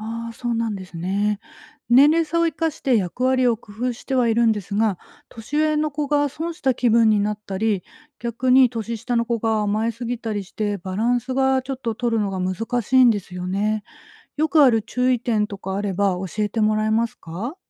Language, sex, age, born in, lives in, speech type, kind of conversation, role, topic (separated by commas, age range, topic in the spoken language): Japanese, female, 40-44, Japan, Japan, read, expert-assistant, asker, 子どもの創造力や好奇心を伸ばすために、日常生活で取り入れられる学びの工夫にはどんなものがありますか？